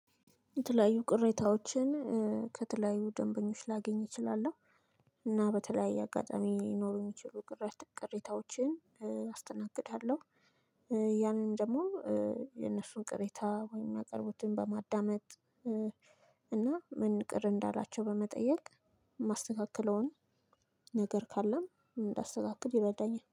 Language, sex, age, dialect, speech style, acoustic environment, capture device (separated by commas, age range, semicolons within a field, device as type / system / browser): Amharic, female, 25-34, Ethiopia - Addis Ababa, excited; happy, silence, mobile / Linux / Chrome